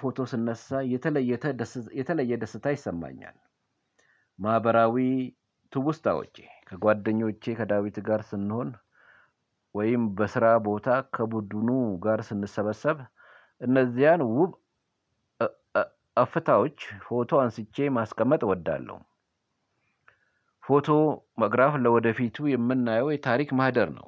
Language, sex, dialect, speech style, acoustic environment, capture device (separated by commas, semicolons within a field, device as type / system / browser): Amharic, male, Ethiopia - Addis Ababa, focused; relaxed, silence, mobile / Linux / Chrome